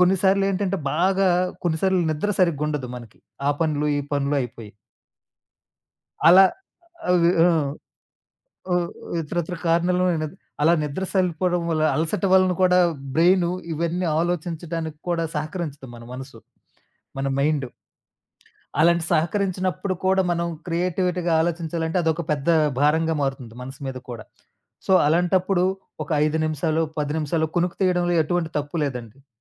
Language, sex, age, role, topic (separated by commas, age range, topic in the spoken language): Telugu, male, 35-39, guest, పని నిలిచిపోయినప్పుడు క్రియేటివ్ బ్లాక్‌ని ఎలా ఎదుర్కొంటారు?